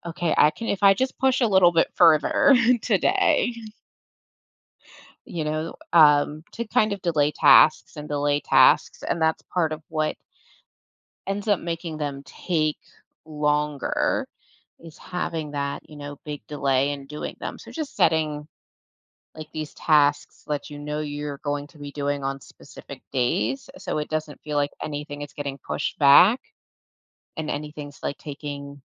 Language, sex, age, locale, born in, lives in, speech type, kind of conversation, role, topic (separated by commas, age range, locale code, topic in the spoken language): English, female, 35-39, en-US, United States, United States, conversation, advice, advisor, How can I manage daily responsibilities without feeling overwhelmed?